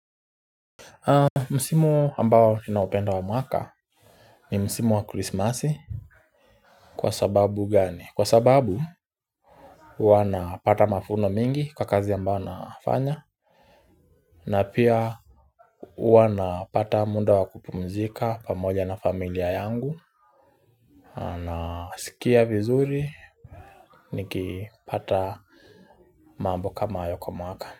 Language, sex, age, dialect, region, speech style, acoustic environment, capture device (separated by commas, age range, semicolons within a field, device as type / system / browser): Swahili, male, 25-34, Kenya - Nairobi Sheng-influenced, Kenya, relaxed; focused, nature; silence, mobile / Linux / Chrome